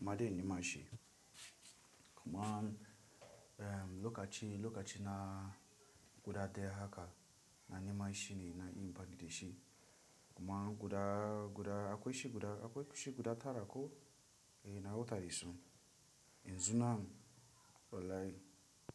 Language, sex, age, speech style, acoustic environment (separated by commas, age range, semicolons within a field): Hausa, male, 18-24, stressed, silence